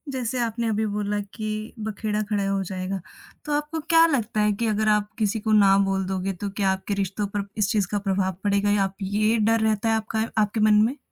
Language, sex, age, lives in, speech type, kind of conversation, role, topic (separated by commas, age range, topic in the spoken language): Hindi, female, 20-24, India, conversation, advice, advisor, मैं दूसरों को खुश करने के लिए ‘ना’ कहने में कठिनाई और अपनी सीमाएँ तय न कर पाने की समस्या से कैसे निपटूँ?